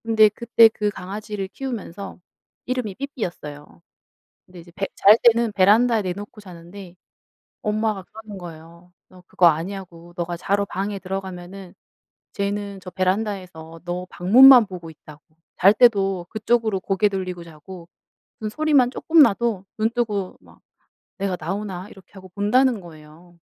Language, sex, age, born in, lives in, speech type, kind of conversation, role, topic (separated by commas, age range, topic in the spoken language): Korean, female, 35-39, South Korea, South Korea, conversation, unstructured, none, 반려동물을 키우는 가장 큰 장점은 무엇이라고 생각하시나요?